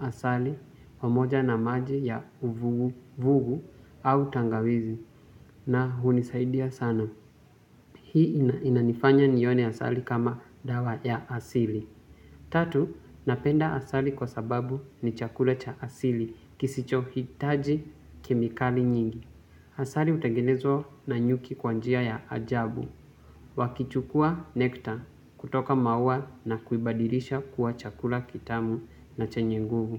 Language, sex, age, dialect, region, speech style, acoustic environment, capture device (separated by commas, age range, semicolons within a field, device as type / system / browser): Swahili, male, 18-24, Kenya - Mombasa, Kenya, focused, silence, mobile / Linux / Chrome